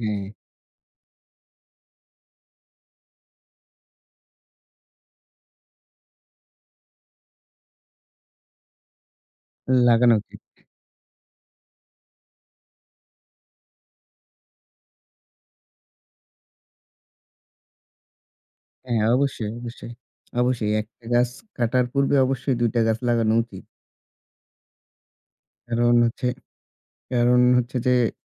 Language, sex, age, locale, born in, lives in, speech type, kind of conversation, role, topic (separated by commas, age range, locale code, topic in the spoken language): Bengali, male, 25-29, bn-BD, Bangladesh, Bangladesh, conversation, unstructured, none, গাছ লাগানো কেন আমাদের জন্য জরুরি?